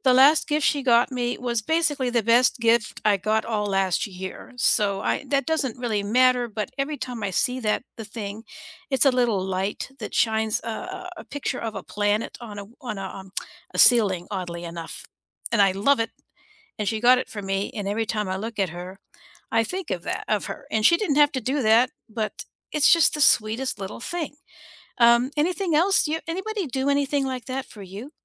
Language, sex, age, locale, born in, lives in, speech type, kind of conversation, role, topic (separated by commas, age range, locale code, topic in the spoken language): English, female, 60-64, en-US, United States, United States, conversation, unstructured, none, What little gestures make you feel appreciated?